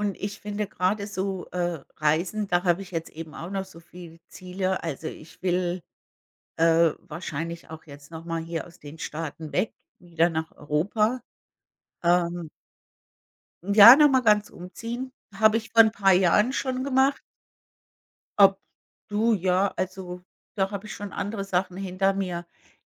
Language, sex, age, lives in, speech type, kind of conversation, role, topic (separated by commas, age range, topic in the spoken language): German, female, 55-59, United States, conversation, unstructured, none, Welche Ziele hast du für deine Zukunft?